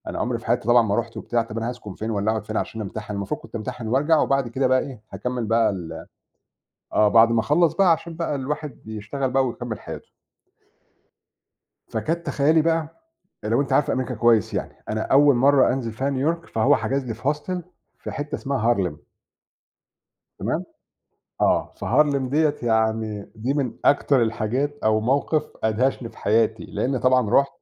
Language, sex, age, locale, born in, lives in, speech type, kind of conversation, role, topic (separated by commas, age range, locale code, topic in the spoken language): Arabic, male, 40-44, ar-EG, Egypt, Portugal, conversation, unstructured, none, إيه أكتر موقف أدهشك وإنت في سفر؟